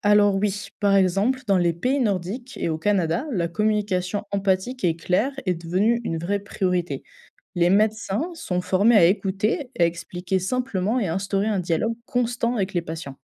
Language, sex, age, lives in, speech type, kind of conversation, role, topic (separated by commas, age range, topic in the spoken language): French, female, 20-24, France, read, expert-assistant, expert, Comment pourrait-on réinventer l’expérience d’une visite chez le médecin pour qu’elle soit moins stressante ?